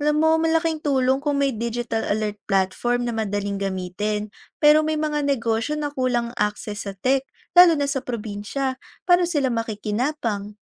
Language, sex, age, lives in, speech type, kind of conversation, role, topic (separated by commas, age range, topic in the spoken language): Filipino, female, 20-24, Philippines, read, expert-assistant, asker, Kung kailangan mong bigyang-priyoridad ang mga isyu sa ugnayang panlabas ng Pilipinas, alin ang dapat unahin: relasyon sa mga kalapit-bansa, usapin sa West Philippine Sea, o kooperasyon sa pandaigdigang ekonomiya? Bakit?